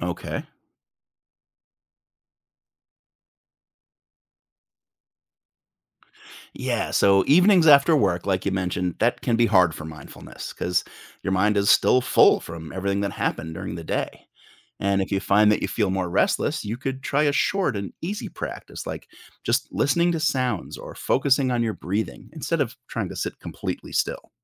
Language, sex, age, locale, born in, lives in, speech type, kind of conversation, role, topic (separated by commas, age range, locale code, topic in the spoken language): English, male, 45-49, en-US, United States, United States, read, expert-assistant, expert, How does practicing mindfulness affect your ability to handle stress?